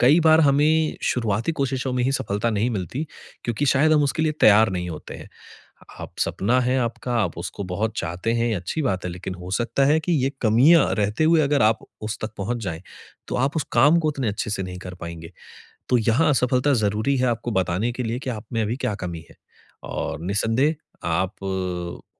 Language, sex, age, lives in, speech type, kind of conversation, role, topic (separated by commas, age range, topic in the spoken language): Hindi, male, 30-34, India, conversation, advice, advisor, असफलता से हतोत्साहित होने पर क्या करूँ?